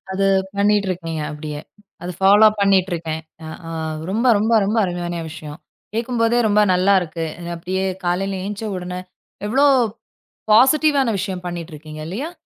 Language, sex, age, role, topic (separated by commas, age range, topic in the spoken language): Tamil, female, 35-39, host, காலையில் சிறிது நேரத்தில் மனதைத் தெளிவாக்க நீங்கள் என்ன செய்கிறீர்கள்?